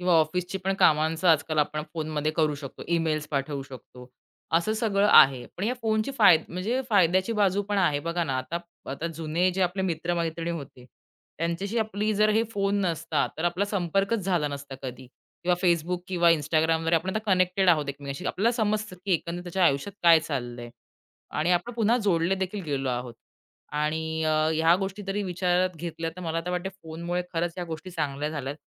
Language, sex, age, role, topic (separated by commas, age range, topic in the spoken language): Marathi, female, 30-34, guest, तुमच्या आयुष्यात स्मार्टफोनमुळे नाती कशी बदलली आहेत?